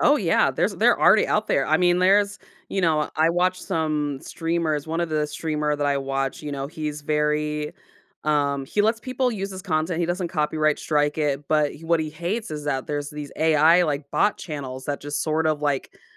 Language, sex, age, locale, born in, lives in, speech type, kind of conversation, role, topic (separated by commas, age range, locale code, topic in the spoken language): English, female, 35-39, en-US, United States, United States, conversation, podcast, host, How might artificial intelligence shape our everyday lives in the coming years?